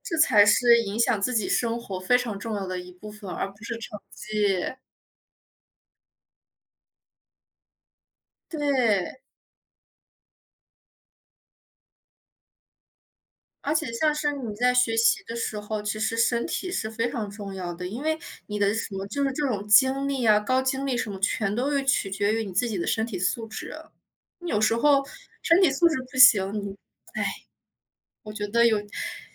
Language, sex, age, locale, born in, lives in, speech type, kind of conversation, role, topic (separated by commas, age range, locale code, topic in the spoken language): Chinese, female, 25-29, zh-CN, China, Germany, conversation, unstructured, none, 家长对学习成绩的期望会给学生带来压力吗？